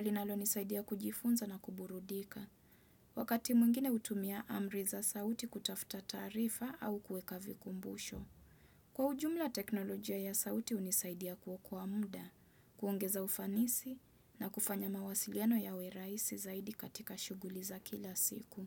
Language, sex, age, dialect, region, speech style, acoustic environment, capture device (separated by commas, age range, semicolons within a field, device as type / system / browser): Swahili, female, 25-34, Kenya - Mombasa, Kenya, focused, nature; silence, desktop / Windows / Chrome